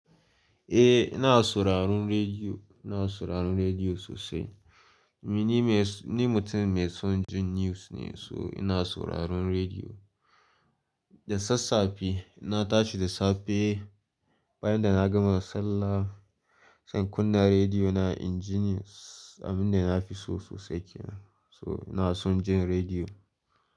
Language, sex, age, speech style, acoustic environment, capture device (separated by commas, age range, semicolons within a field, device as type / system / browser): Hausa, male, 18-24, happy; focused, silence, mobile / Linux / Chrome